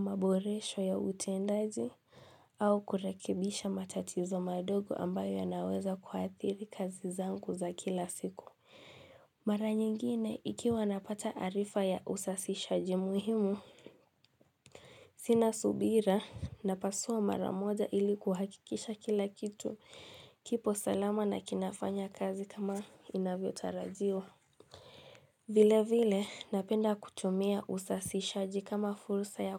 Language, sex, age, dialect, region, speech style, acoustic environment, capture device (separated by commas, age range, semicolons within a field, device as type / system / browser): Swahili, female, 18-24, Kenya - Mombasa, Kenya, tired, silence, mobile / Linux / Chrome